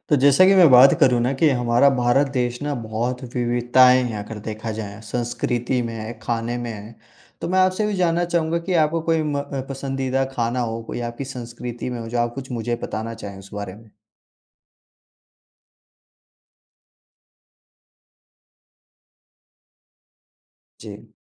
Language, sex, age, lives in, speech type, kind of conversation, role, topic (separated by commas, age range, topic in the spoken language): Hindi, male, 45-49, India, conversation, podcast, host, आपको अपनी संस्कृति के कौन-से व्यंजन सबसे अधिक पसंद हैं?